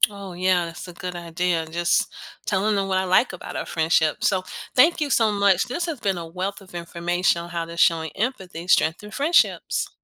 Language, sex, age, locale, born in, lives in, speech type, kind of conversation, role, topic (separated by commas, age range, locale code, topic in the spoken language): English, female, 50-54, en-US, United States, United States, read, expert-assistant, asker, How does showing empathy strengthen friendships?